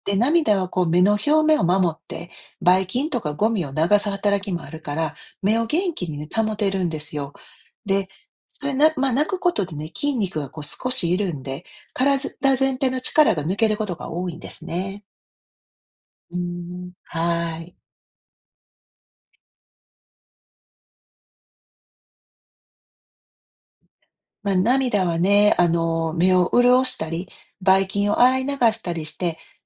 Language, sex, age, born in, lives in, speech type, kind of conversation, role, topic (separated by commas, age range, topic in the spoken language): Japanese, female, 50-54, Japan, United States, read, expert-assistant, expert, なぜ人は涙を流すのか説明してください。